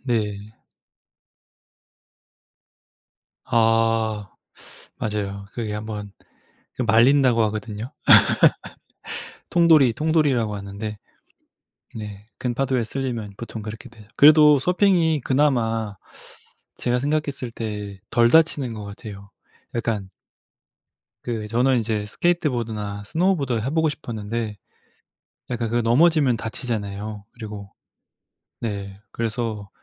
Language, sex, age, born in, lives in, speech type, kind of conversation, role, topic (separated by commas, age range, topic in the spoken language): Korean, male, 35-39, South Korea, France, conversation, unstructured, none, 가장 좋아하는 취미는 무엇인가요?